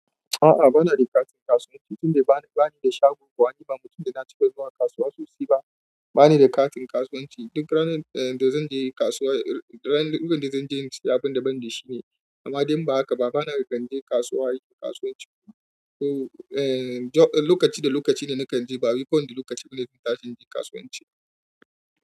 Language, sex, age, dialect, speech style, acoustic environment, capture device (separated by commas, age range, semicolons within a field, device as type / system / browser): Hausa, male, 25-34, Nigeria - Kano, happy; anxious, people talking, mobile / Android / Chrome